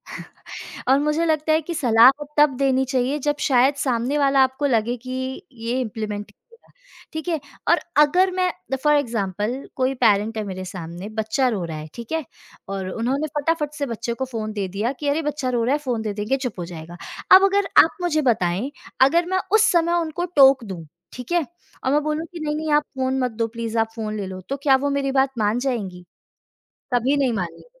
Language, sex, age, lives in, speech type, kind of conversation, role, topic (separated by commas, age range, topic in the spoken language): Hindi, female, 25-29, India, conversation, podcast, guest, आप अपने बच्चों को कौन-कौन से नैतिक मूल्य सिखाते हैं?